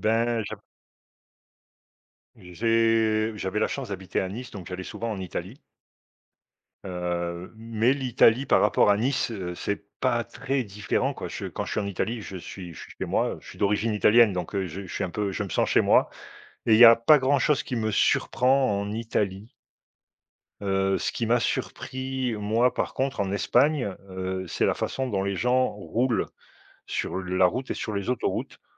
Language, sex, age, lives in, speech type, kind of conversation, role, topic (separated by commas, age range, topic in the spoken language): French, male, 50-54, Portugal, conversation, unstructured, none, As-tu une anecdote surprenante à raconter sur un voyage ?